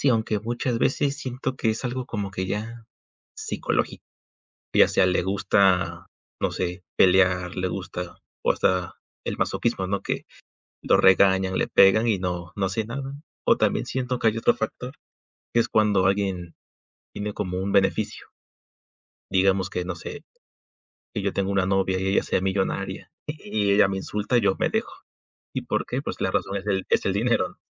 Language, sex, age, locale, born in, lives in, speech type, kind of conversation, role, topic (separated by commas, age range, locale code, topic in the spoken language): Spanish, male, 20-24, es-MX, Mexico, Mexico, conversation, unstructured, none, ¿Qué señales indican que una relación es tóxica?